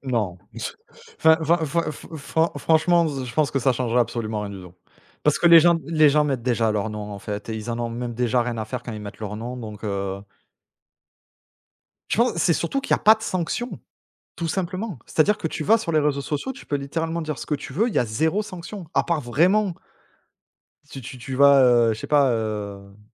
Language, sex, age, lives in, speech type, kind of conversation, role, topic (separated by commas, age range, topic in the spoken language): French, male, 35-39, France, conversation, unstructured, none, Penses-tu que chacun devrait pouvoir s’exprimer librement ?